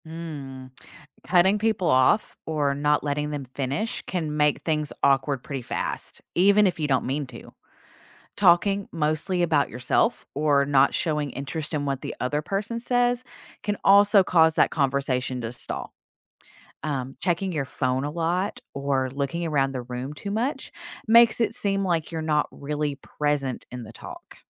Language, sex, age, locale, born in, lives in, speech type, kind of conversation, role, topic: English, female, 40-44, en-US, United States, United States, read, expert-assistant, expert, What makes a good conversation?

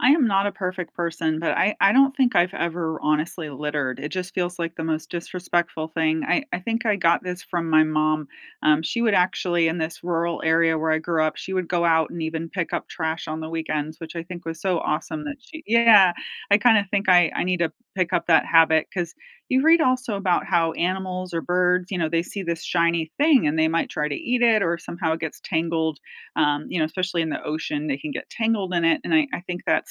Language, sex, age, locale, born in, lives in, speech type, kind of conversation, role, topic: English, female, 45-49, en-US, United States, United States, conversation, unstructured, none, Which nature spots help you recharge your mind and mood the most?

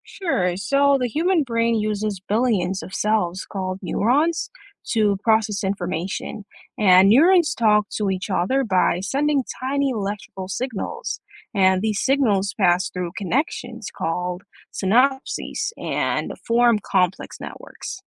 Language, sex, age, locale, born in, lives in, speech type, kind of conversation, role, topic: English, male, 25-29, en-US, Jamaica, United States, read, expert-assistant, expert, How does the human brain process information?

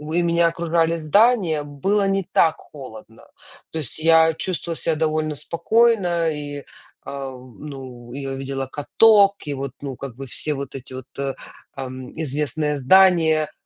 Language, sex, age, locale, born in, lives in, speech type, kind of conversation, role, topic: Russian, female, 35-39, ru-UA, Ukraine, United States, conversation, unstructured, none, Какое место в мире удивило тебя больше всего?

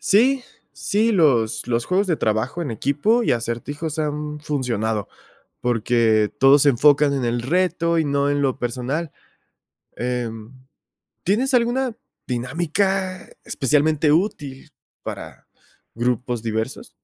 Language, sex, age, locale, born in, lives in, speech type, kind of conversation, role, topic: Spanish, male, 25-29, es-MX, Mexico, Mexico, read, expert-assistant, asker, ¿Cómo promover la inclusión y el respeto ante creencias religiosas diversas en un equipo?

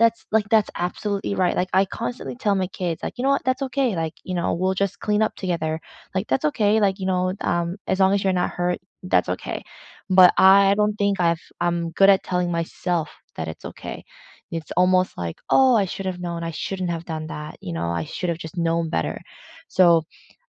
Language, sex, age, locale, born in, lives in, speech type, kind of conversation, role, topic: English, female, 30-34, en-CA, South Korea, Canada, conversation, unstructured, none, What is the most meaningful advice you have received, and what wisdom do you now pass on to others?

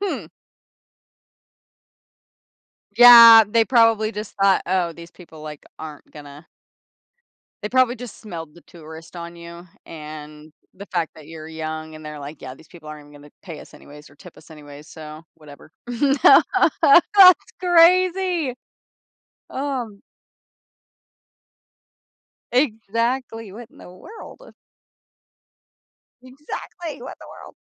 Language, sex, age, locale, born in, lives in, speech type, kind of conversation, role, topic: English, female, 25-29, en-US, United States, United States, conversation, podcast, host, How have unexpected discoveries while traveling changed your perspective?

